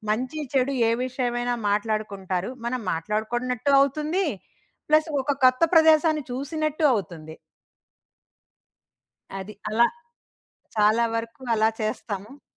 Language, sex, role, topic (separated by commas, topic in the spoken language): Telugu, female, guest, ఒకసారి అలసటతో పూర్తిగా కుంగిపోయిన తర్వాత మీరు మళ్లీ మీ సాధారణ దినచర్యలోకి ఎలా వచ్చారు?